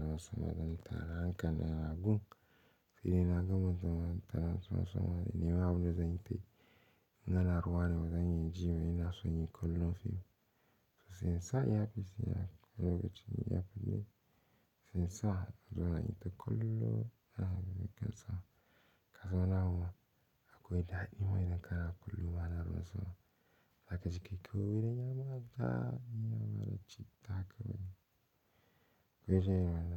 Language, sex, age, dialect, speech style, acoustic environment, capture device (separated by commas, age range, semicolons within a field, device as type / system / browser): Hausa, male, 18-24, Nigeria - Pidgin-influenced, focused, silence, mobile / Linux / Chrome